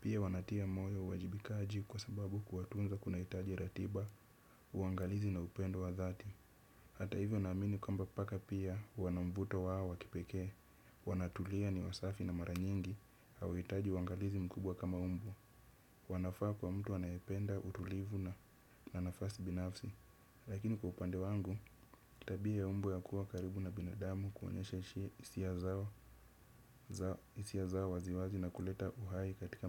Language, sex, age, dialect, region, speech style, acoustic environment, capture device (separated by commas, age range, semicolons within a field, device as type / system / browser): Swahili, male, 25-34, Kenya - Nairobi Sheng-influenced, Kenya, happy; excited; focused; relaxed, nature; silence, desktop / Windows / Chrome